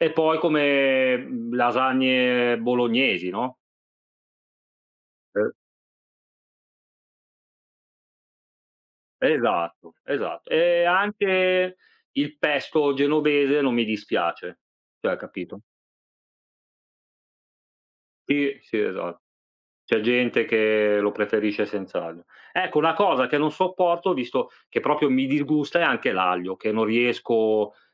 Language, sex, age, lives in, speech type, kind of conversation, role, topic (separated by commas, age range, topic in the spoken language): Italian, male, 40-44, Italy, conversation, unstructured, none, Qual è il piatto che proprio non riesci a sopportare a tavola?